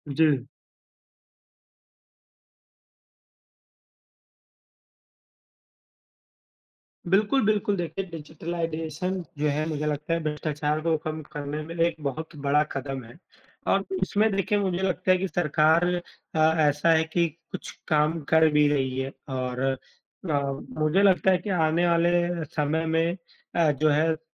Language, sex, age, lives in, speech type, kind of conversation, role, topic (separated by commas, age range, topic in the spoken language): Hindi, male, 25-29, India, conversation, unstructured, none, समाज में भ्रष्टाचार को खत्म करने के लिए क्या उपाय किए जा सकते हैं?